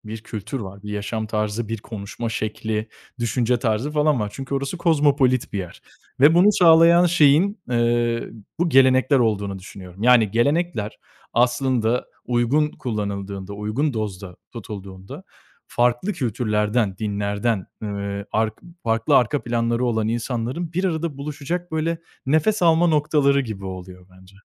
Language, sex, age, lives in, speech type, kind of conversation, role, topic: Turkish, male, 25-29, Italy, conversation, unstructured, none, Hangi gelenek seni en çok mutlu eder?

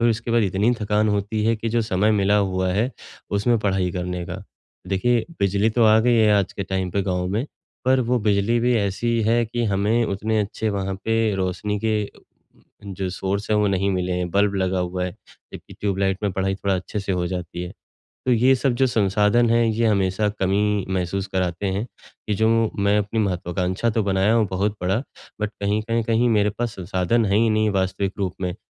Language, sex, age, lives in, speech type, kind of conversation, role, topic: Hindi, male, 35-39, India, conversation, advice, user, मैं अपनी बड़ी महत्वाकांक्षाओं को यथार्थवादी और हासिल किए जा सकने वाले लक्ष्यों में कैसे बदलूँ?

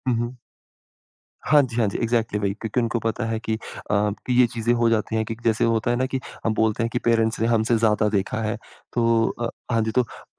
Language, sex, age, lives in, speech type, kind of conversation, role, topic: Hindi, male, 25-29, India, conversation, podcast, guest, Ghar waalon aur doston ka tumhare fail hone par kaise reaction hota hai?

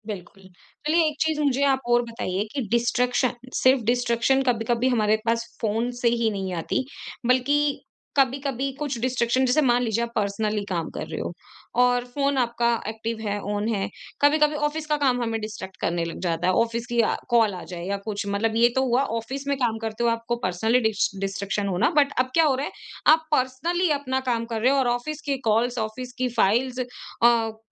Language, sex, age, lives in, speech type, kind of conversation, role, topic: Hindi, female, 25-29, India, conversation, podcast, host, Distraction se bachne ke liye aap apne phone par kaun se niyam banate hain?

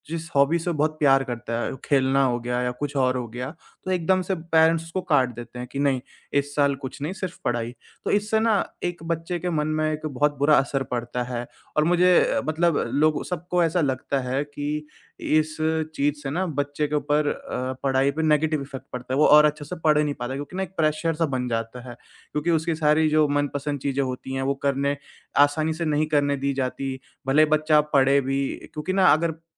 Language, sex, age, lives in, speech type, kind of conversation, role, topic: Hindi, male, 20-24, India, conversation, podcast, host, Education aur career choices pe parents ka pressure tumhe kaisa feel hota hai?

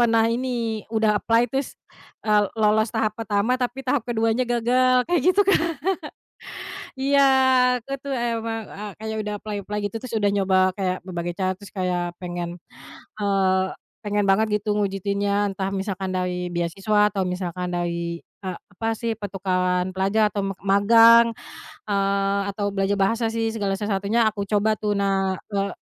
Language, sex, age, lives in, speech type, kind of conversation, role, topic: Indonesian, female, 30-34, Indonesia, conversation, unstructured, none, Mimpi masa kecil apa yang masih ingin kamu wujudkan?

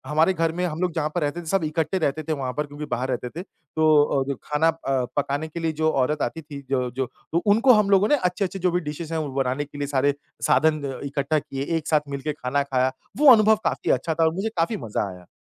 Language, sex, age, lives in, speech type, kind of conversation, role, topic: Hindi, male, 30-34, India, conversation, podcast, guest, पहली नौकरी मिलने पर आपको कैसा महसूस हुआ था?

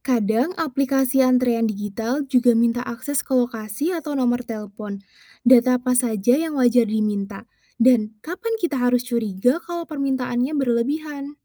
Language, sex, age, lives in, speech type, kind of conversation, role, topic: Indonesian, female, 20-24, Indonesia, read, expert-assistant, asker, Apa yang membuat orang di Indonesia punya cara berbeda dalam menghadapi antrian atau pelayanan publik?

